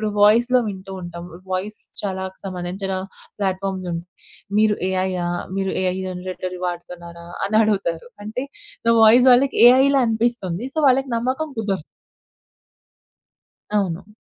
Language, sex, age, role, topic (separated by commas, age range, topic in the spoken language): Telugu, female, 25-29, guest, ఆన్‌లైన్ కాల్‌లలో శరీర భాషను ఎలా చదవగలం?